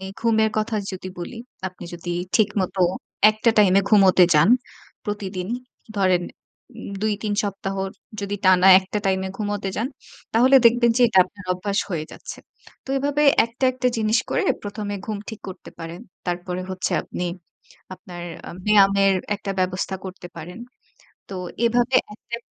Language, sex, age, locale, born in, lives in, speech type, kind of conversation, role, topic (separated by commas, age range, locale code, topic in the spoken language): Bengali, female, 25-29, bn-BD, Bangladesh, Bangladesh, conversation, advice, advisor, সময় সংকটের কারণে সৃজনশীল কাজের জন্য সময় বের করতে না পারলে আমি কীভাবে সময় ব্যবস্থাপনা করব?